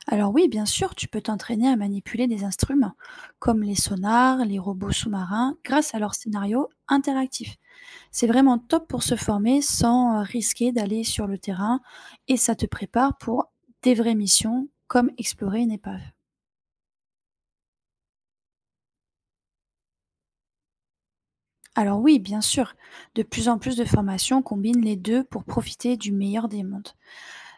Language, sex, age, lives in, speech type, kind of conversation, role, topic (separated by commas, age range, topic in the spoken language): French, female, 30-34, France, read, expert-assistant, expert, Quelle méthode rend l’étude des océans plus vivante et moins théorique ?